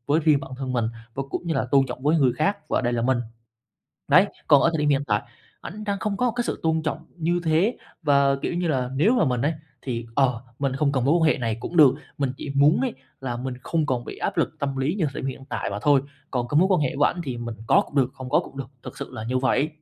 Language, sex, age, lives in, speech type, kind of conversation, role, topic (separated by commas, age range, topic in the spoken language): Vietnamese, male, 20-24, Vietnam, conversation, advice, user, Tôi nên làm gì khi đồng nghiệp hay soi mói và phê bình quá chi tiết khiến tôi mất tự tin?